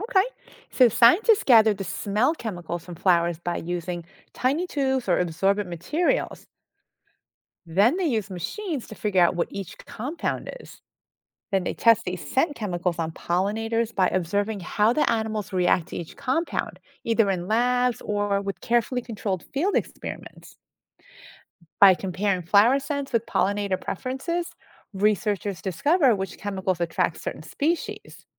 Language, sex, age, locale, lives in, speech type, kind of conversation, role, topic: English, female, 50-54, en-US, United States, read, expert-assistant, expert, Why do some flowers smell so strong?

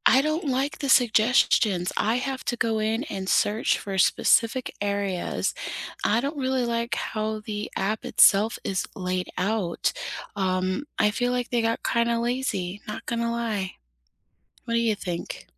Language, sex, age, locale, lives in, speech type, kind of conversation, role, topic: English, female, 30-34, en-US, United States, conversation, unstructured, none, Do budget travel platforms harm small local accommodations more than they benefit them?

